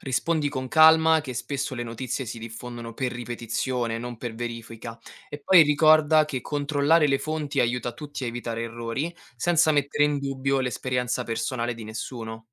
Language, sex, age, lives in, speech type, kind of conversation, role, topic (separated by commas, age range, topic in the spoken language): Italian, male, 25-29, Italy, read, expert-assistant, expert, Come posso capire se le notizie sull’ambiente che leggo online sono affidabili?